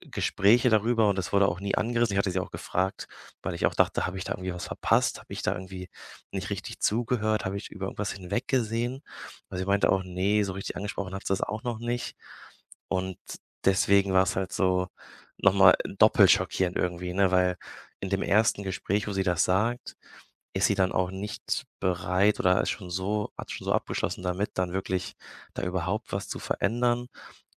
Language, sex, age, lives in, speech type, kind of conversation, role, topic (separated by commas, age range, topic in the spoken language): German, male, 25-29, Germany, conversation, advice, user, Wie erlebst du deine Trauer nach dem Ende einer langen Beziehung?